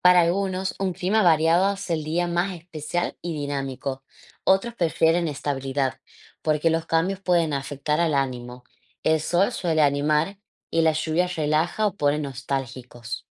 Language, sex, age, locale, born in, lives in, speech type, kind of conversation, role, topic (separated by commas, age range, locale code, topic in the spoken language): Spanish, female, 25-29, es-AR, Argentina, Netherlands, read, expert-assistant, expert, Imagina que puedes controlar el clima por un día en tu ciudad. ¿Qué harías y por qué?